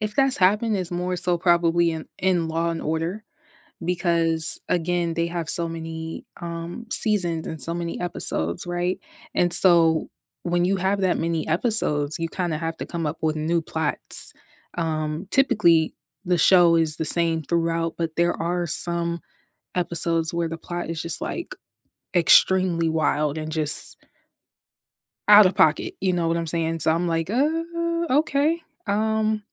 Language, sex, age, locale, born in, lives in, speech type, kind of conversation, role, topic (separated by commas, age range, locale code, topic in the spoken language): English, female, 25-29, en-US, United States, United States, conversation, unstructured, none, What was the last show you binge-watched, and what hooked you?